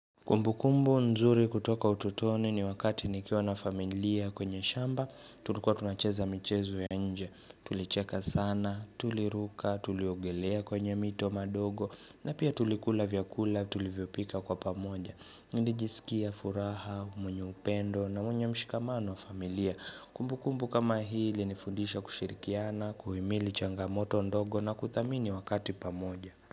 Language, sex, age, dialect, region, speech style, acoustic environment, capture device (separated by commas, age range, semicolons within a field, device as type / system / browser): Swahili, male, 25-34, Kenya - Nairobi Sheng-influenced, Kenya, happy; relaxed; focused, music; nature; silence, mobile / Linux / Chrome